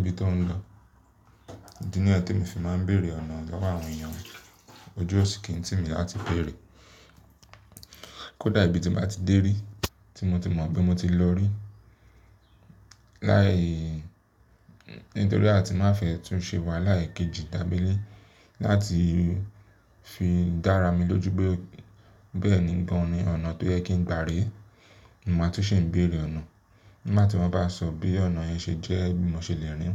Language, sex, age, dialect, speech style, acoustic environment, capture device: Yoruba, male, 25-34, Nigeria - Lagos Yoruba, excited; relaxed; focused, silence, mobile / Linux / Chrome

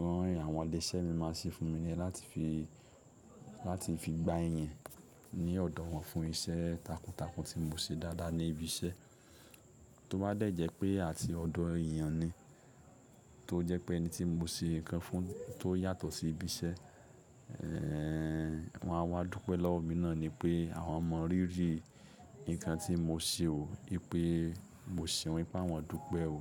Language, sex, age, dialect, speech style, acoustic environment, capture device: Yoruba, male, 25-34, Nigeria - Lagos Yoruba, happy, nature, mobile / Linux / Chrome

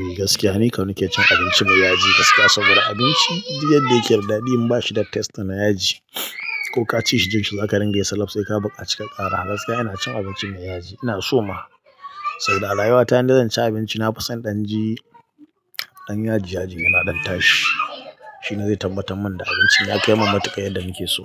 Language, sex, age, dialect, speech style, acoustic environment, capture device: Hausa, male, 25-34, Nigeria - Katsina, nervous; excited; focused; relaxed; tired; anxious; happy; stressed, people talking; music; nature; silence; traffic; construction; appliances, mobile / Linux / Chrome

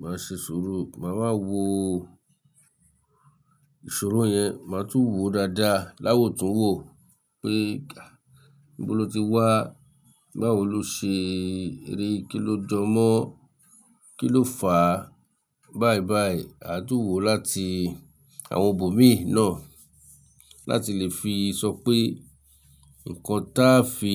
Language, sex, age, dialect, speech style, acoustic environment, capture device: Yoruba, male, 35-44, Nigeria - Lagos Yoruba, relaxed, silence; nature, mobile / Linux / Chrome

